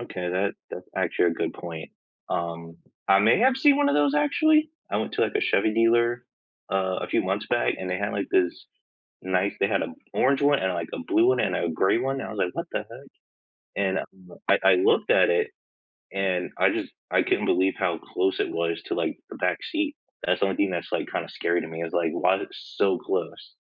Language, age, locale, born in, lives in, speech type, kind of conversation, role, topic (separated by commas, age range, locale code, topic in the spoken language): English, 25-29, en-US, United States, United States, conversation, unstructured, none, What hobby are you most excited to start this year?